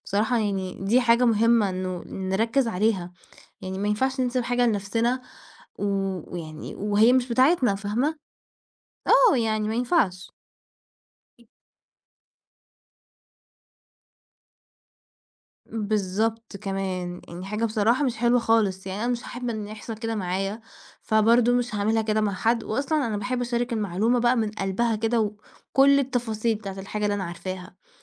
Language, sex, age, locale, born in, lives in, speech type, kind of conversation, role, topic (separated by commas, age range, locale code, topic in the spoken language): Arabic, female, 20-24, ar-EG, Egypt, Portugal, conversation, podcast, guest, بتحب تجرّب تدمج أكلات من ثقافات مختلفة؟ إزاي؟